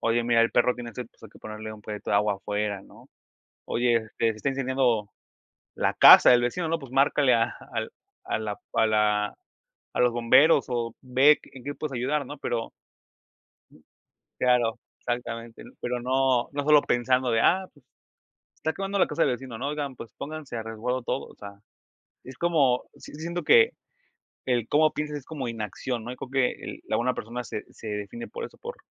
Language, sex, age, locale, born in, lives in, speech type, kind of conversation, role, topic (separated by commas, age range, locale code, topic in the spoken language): Spanish, male, 25-29, es-MX, Mexico, Mexico, conversation, unstructured, none, ¿Qué significa para ti ser una buena persona?